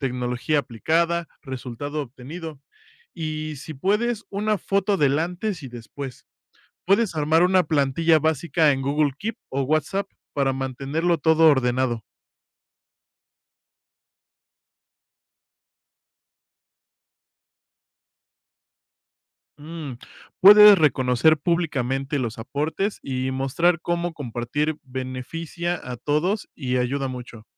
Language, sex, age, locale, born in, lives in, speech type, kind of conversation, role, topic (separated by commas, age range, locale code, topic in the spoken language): Spanish, male, 25-29, es-MX, Mexico, Mexico, read, expert-assistant, expert, ¿Cómo puedo mantenerme actualizado sobre innovaciones tecnológicas en agricultura sin perderme entre tanta información?